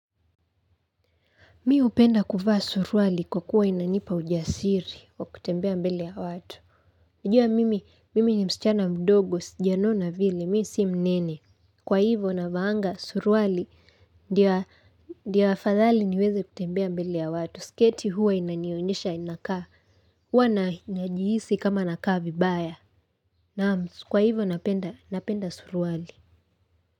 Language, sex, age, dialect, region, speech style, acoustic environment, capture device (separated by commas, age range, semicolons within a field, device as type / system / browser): Swahili, female, 18-24, Kenya - Nairobi Sheng-influenced, Kenya, happy; excited; focused; relaxed, silence, mobile / Linux / Chrome